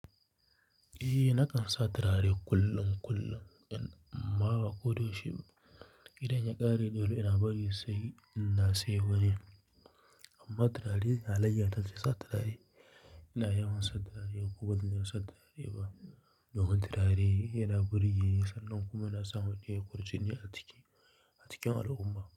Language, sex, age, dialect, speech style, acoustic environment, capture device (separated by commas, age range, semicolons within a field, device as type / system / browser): Hausa, male, 25-34, Nigeria - Kano, relaxed, silence, mobile / Linux / Chrome